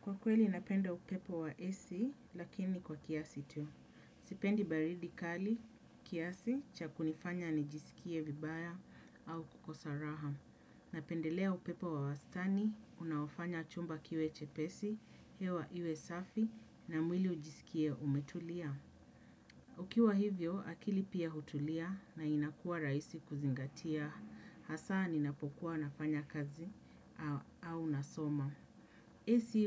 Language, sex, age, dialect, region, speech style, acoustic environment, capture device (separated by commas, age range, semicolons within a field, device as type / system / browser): Swahili, female, 35-44, Kenya - Nairobi Sheng-influenced, Kenya, happy; relaxed; focused; excited, people talking; nature; appliances; silence, desktop / Windows / Chrome